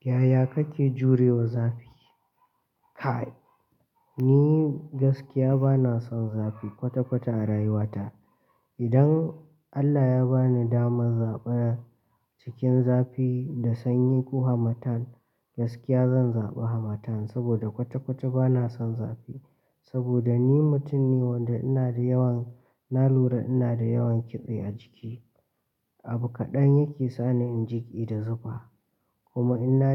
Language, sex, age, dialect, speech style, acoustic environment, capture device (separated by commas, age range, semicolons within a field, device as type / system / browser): Hausa, male, 18-24, Nigeria - Katsina, relaxed, silence, mobile / Linux / Chrome